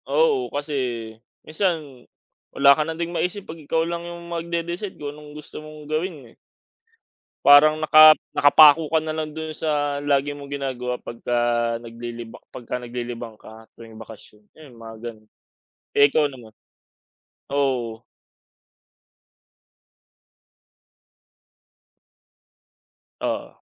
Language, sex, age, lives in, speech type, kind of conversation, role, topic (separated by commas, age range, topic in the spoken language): Filipino, male, 25-29, Philippines, conversation, unstructured, none, Ano ang pinaka-paborito mong libangan tuwing bakasyon?